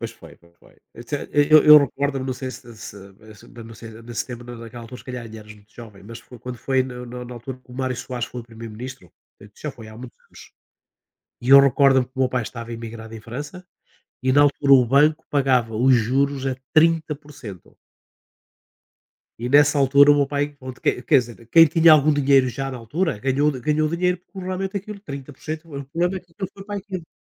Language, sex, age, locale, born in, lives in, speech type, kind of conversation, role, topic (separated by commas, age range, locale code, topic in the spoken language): Portuguese, male, 60-64, pt-PT, Portugal, Portugal, conversation, unstructured, none, Você já pensou em investir e não sabe por onde começar?